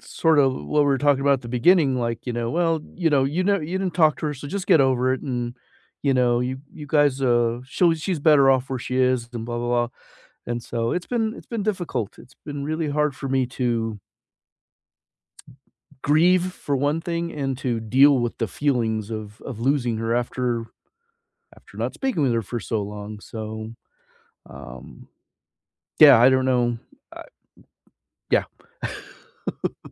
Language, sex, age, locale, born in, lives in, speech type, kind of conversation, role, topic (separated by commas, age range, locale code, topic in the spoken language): English, male, 55-59, en-US, United States, United States, conversation, unstructured, none, What’s one thing people say about death that bothers you?